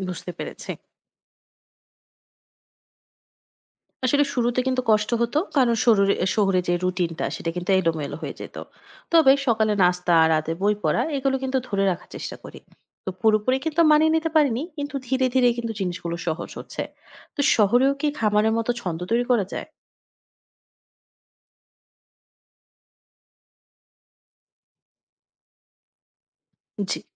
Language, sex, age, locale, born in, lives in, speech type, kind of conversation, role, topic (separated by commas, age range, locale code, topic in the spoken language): Bengali, female, 25-29, bn-BD, Bangladesh, Finland, read, expert-assistant, asker, খামারে পশু পালনের ব্যপারটাকে কোনো দৈনন্দিন কাজের সাথে তুলনা করলে কেমন হয়?